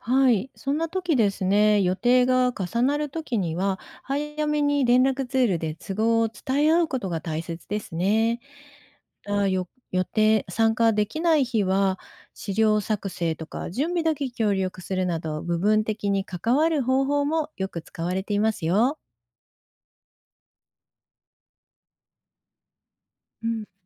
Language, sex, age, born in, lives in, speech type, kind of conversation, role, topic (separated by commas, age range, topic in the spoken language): Japanese, female, 55-59, Japan, Japan, read, expert-assistant, expert, 忙しい日常の中で、民俗芸能の活動を長く続けていくには、どんな工夫やスケジュールの立て方が役立ちますか？